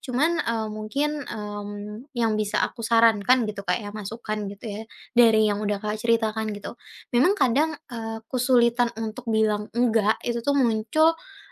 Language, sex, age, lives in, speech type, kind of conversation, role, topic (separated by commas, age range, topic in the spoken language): Indonesian, female, 20-24, Indonesia, conversation, advice, advisor, Kapan kamu merasa sulit mengatakan tidak kepada permintaan teman atau kolega?